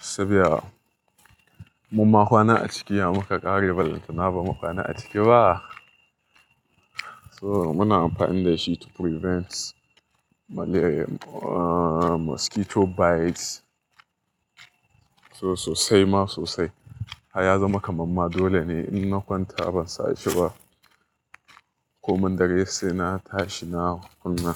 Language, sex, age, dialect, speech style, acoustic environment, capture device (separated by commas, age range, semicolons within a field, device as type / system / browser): Hausa, male, 25-34, Nigeria - Nigerian Standard, focused, people talking, mobile / Linux / Chrome